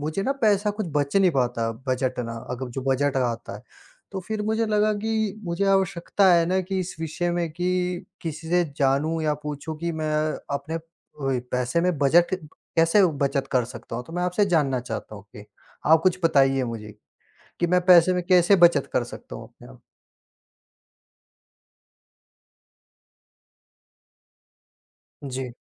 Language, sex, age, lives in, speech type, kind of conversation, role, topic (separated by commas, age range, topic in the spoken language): Hindi, female, 20-24, India, conversation, unstructured, none, आपके हिसाब से बजट बनाना क्यों ज़रूरी है?